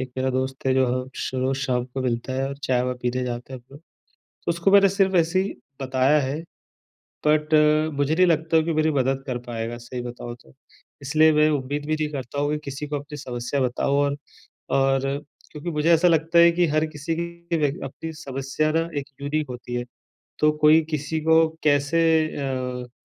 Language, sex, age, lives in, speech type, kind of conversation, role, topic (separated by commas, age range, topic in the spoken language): Hindi, male, 35-39, India, conversation, advice, user, मैं रोज़मर्रा की उबाऊ ज़िम्मेदारियों में अर्थ और संतोष कैसे पा सकता हूँ?